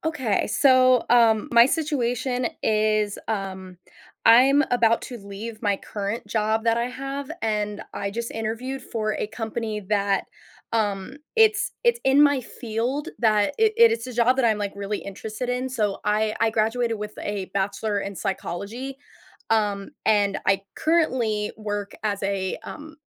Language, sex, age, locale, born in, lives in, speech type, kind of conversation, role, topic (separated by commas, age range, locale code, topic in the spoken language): English, female, 20-24, en-US, United States, United States, conversation, advice, user, How can I set clearer boundaries so work doesn't take over my personal time?